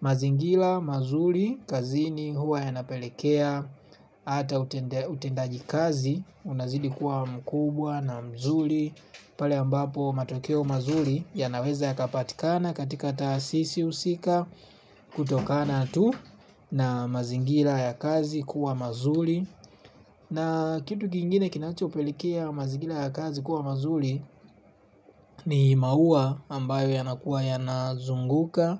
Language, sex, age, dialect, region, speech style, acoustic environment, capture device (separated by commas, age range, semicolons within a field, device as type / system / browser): Swahili, male, 25-34, Tanzania - Dar es Salaam, Tanzania, relaxed; focused, nature; silence, mobile / Linux / Chrome